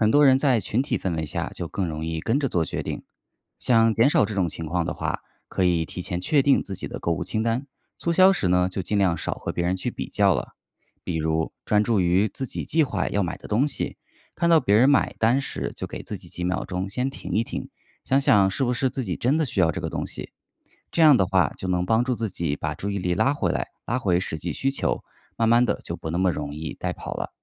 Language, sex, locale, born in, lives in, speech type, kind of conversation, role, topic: Chinese, male, zh-CN, China, United States, read, expert-assistant, expert, 理性消费真的比冲动购物更幸福吗？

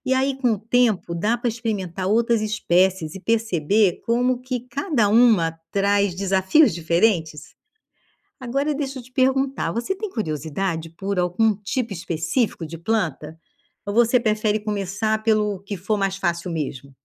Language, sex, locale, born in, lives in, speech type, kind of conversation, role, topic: Portuguese, female, pt-BR, Brazil, Portugal, read, expert-assistant, expert, Como cuidar de plantas pode ajudar a aumentar a paciência e resiliência no dia a dia?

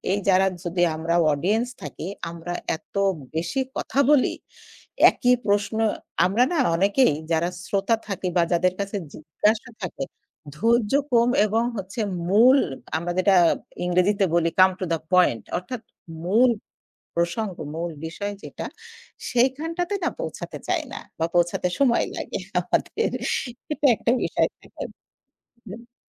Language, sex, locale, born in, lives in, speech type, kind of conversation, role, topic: Bengali, female, bn-BD, Bangladesh, Bangladesh, conversation, podcast, guest, শ্রোতাদের সঙ্গে সম্পর্ক গড়ে তুলতে আপনি কী করেন?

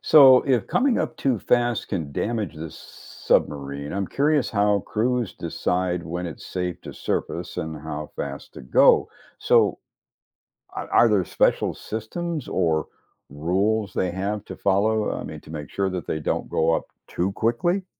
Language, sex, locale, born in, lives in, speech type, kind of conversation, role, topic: English, male, en-US, United States, United States, read, expert-assistant, asker, How do submarines dive and resurface?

